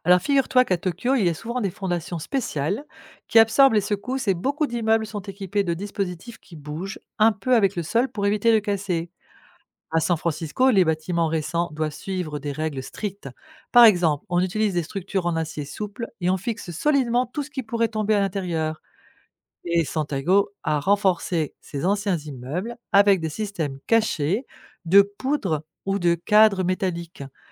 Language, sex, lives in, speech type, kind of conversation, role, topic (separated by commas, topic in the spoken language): French, female, France, read, expert-assistant, expert, Qu’est-ce qui provoque les tremblements de terre ?